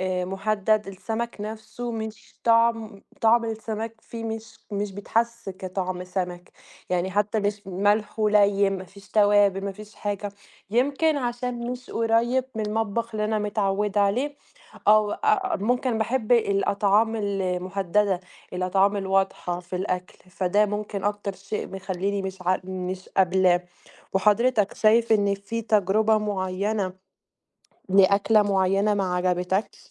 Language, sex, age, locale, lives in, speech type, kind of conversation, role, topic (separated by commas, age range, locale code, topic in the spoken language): Arabic, female, 20-24, ar-EG, Italy, conversation, unstructured, none, إيه أسوأ أكلة جربتها، وليه ما عجبتك؟